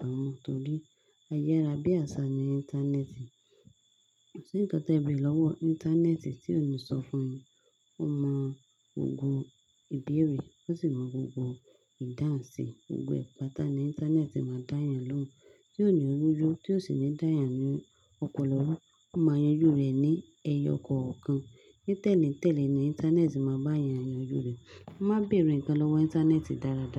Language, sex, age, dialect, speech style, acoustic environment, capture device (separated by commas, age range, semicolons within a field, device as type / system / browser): Yoruba, female, 25-34, Nigeria - Lagos Yoruba, happy; focused, silence, desktop / Linux / Chrome